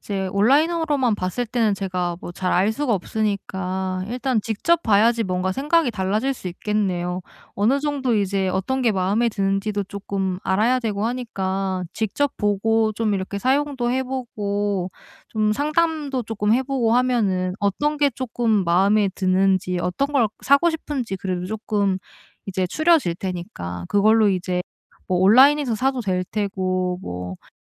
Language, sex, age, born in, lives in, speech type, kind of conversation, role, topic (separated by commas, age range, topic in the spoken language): Korean, female, 30-34, South Korea, South Korea, conversation, advice, user, 예산 안에서 만족스러운 쇼핑을 못할 때